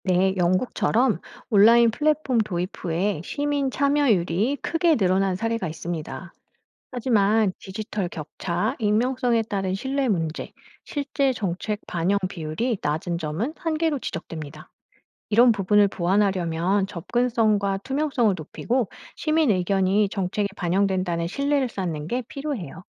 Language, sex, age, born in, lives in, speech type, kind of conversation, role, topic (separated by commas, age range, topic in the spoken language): Korean, female, 45-49, South Korea, France, read, expert-assistant, expert, 시민들이 더 쉽게 정책에 참여할 수 있게 하려면 어떤 변화가 필요할까요?